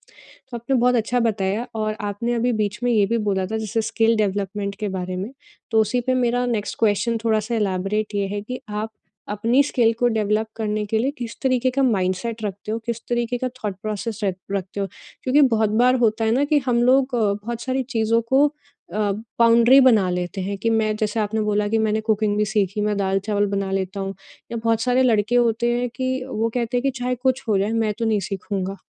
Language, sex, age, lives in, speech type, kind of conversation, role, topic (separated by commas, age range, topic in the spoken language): Hindi, female, 30-34, India, conversation, podcast, host, Learning ko celebrate karne ke tumhare chhote tareeke kya hain?